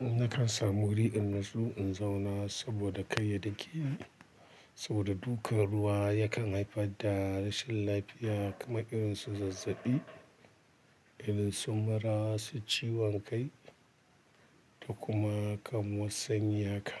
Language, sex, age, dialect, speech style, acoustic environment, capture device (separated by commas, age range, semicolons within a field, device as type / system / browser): Hausa, male, 35-44, Nigeria - Kano, happy, nature, mobile / Linux / Chrome